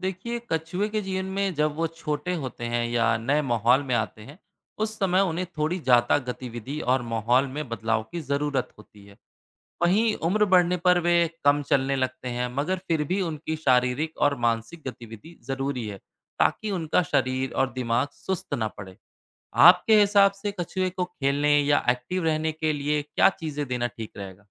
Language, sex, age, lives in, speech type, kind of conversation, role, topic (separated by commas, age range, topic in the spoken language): Hindi, male, 30-34, India, read, expert-assistant, expert, कछुए की उम्र इतनी लंबी क्यों होती है?